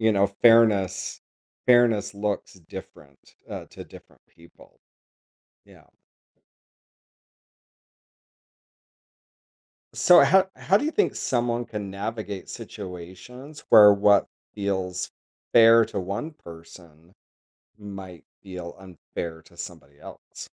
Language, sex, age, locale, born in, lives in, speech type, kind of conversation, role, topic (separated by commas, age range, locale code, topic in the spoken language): English, male, 50-54, en-US, United States, United States, conversation, podcast, host, How do our personal experiences shape what we see as fair in everyday life?